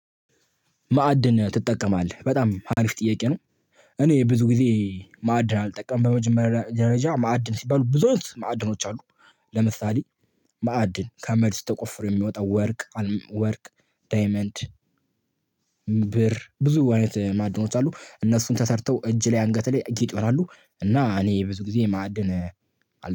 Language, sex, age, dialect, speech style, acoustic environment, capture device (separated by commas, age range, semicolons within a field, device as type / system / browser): Amharic, male, 18-24, Ethiopia - Addis Ababa, excited, silence, mobile / Linux / Chrome